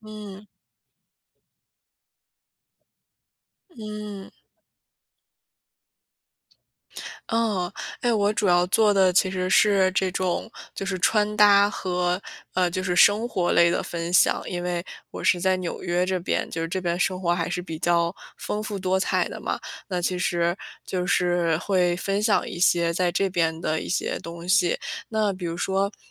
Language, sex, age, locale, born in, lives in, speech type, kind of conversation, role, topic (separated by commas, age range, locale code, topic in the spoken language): Chinese, female, 25-29, zh-CN, China, United States, conversation, podcast, guest, 你如何平衡工作和兴趣爱好？